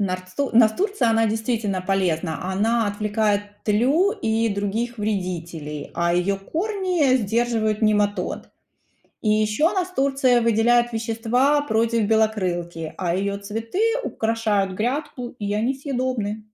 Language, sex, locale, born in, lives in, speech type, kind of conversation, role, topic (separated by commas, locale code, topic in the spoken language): Russian, female, ru-RU, Ukraine, United States, read, expert-assistant, expert, Если бы поле было как квартира, какие «правила соседства» действовали бы между разными растениями?